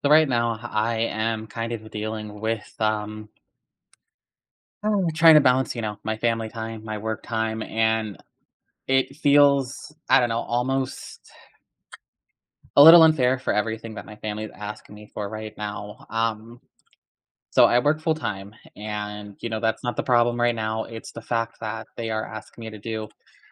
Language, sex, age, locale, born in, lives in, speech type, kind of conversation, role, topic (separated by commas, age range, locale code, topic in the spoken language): English, male, 25-29, en-US, United States, United States, conversation, advice, user, How can I balance work and family time?